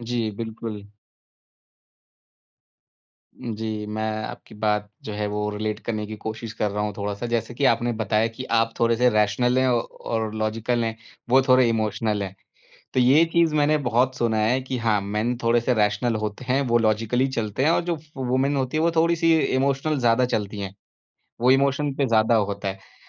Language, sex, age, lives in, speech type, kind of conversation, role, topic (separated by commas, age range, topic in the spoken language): Hindi, male, 30-34, India, conversation, podcast, host, Shaadi mein baatcheet ki pareshaniyan tum kaise suljhate ho?